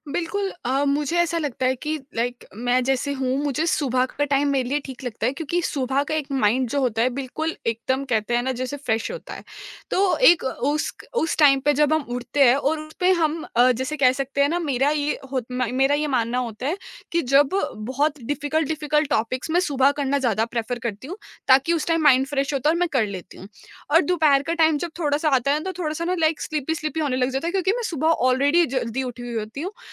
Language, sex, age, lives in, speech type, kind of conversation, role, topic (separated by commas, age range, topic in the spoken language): Hindi, female, 20-24, India, conversation, podcast, guest, Tumhare hisaab se success ka asli matlab kya hai?